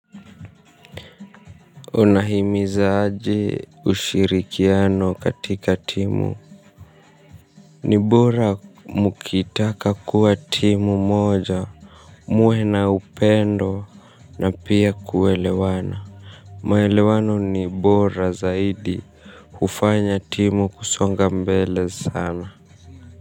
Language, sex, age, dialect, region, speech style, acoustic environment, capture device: Swahili, male, 25-34, Kenya - Nairobi Sheng-influenced, Kenya, tired; nervous; stressed, people talking; music, mobile / Linux / Chrome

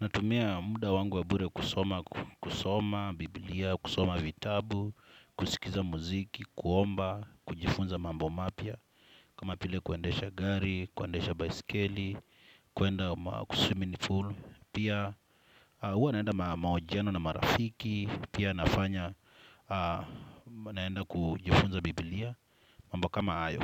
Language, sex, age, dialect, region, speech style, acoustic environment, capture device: Swahili, male, 25-34, Kenya - Mombasa, Kenya, relaxed; happy, silence, desktop / Windows / Chrome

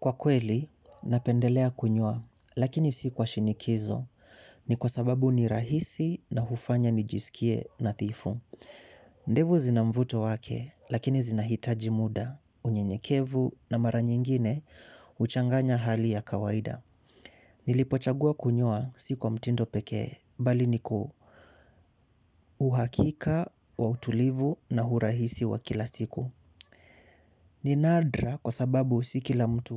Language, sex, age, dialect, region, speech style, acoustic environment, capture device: Swahili, male, 18-24, Kenya - Mombasa, Kenya, focused; excited, silence, mobile / Linux / Chrome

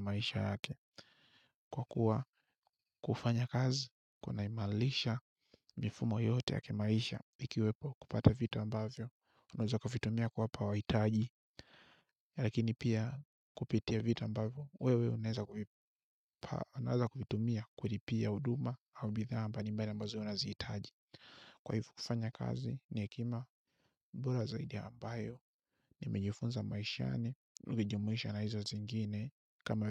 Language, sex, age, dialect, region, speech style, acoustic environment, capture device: Swahili, male, 25-34, Tanzania - Dar es Salaam, Tanzania, focused, silence; nature, mobile / Linux / Chrome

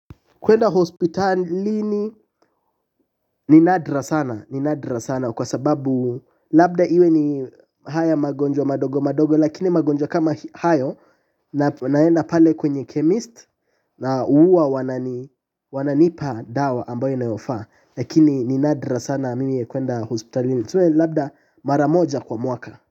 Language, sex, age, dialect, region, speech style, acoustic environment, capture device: Swahili, male, 18-24, Kenya - Mombasa, Kenya, relaxed, appliances, mobile / Linux / Chrome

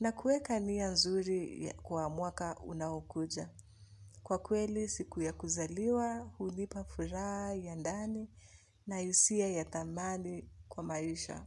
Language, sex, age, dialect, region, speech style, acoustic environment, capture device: Swahili, female, 25-34, Kenya - Nairobi Sheng-influenced, Kenya, relaxed; focused, music; nature, mobile / Linux / Chrome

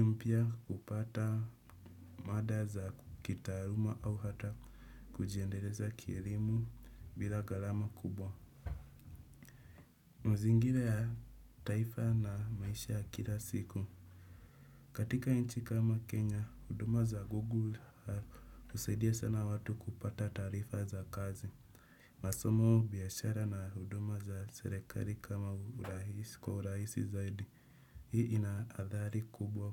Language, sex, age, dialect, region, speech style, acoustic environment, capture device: Swahili, male, 18-24, Kenya - Nairobi Sheng-influenced, Kenya, relaxed, nature, desktop / Windows / Chrome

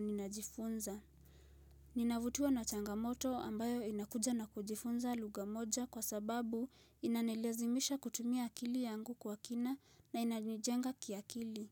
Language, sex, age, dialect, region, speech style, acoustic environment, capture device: Swahili, female, 18-24, Kenya - Nairobi Sheng-influenced, Kenya, relaxed, silence, mobile / Linux / Chrome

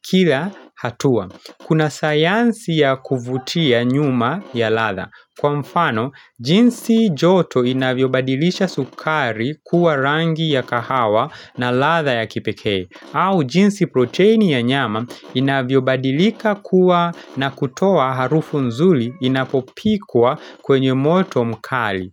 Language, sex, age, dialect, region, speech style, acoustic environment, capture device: Swahili, male, 25-34, Kenya - Nairobi Sheng-influenced, Kenya, relaxed, nature, mobile / Linux / Chrome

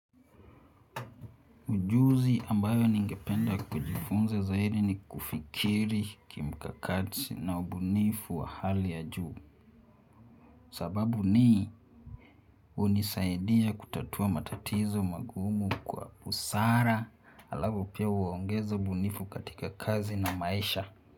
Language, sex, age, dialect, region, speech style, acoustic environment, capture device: Swahili, male, 18-24, Kenya - Nairobi Sheng-influenced, Kenya, happy; relaxed; focused, silence; nature, mobile / Linux / Chrome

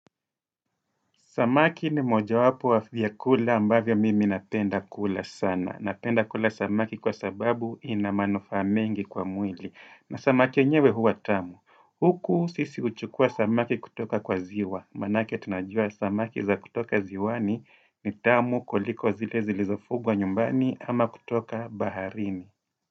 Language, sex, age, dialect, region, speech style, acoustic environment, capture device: Swahili, male, 35-44, Kenya - Mombasa, Kenya, happy; relaxed; focused, silence; nature, desktop / Windows / Edge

